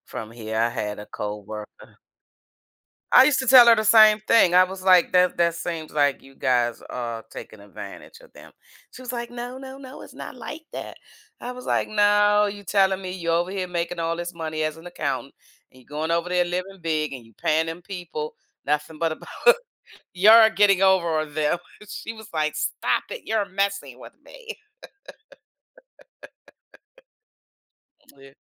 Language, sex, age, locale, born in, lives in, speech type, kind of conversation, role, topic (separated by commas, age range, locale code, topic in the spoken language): English, female, 55-59, en-US, United States, United States, conversation, unstructured, none, Do early mornings or late nights suit you better?